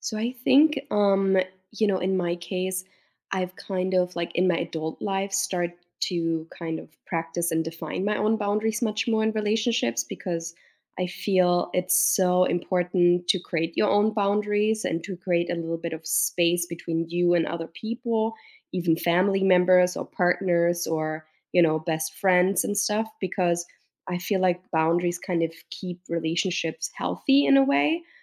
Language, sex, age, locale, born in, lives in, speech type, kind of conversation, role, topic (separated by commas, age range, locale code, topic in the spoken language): English, female, 35-39, en-DE, Germany, United States, conversation, unstructured, none, How do you set small boundaries without making things feel awkward?